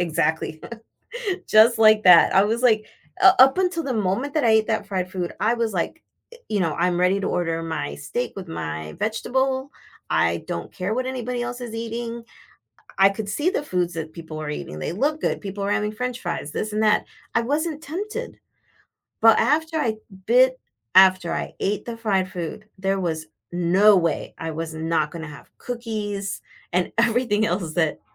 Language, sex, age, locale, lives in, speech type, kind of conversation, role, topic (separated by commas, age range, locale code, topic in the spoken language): English, female, 50-54, en-US, United States, conversation, advice, user, How can I build resilience after a recent setback?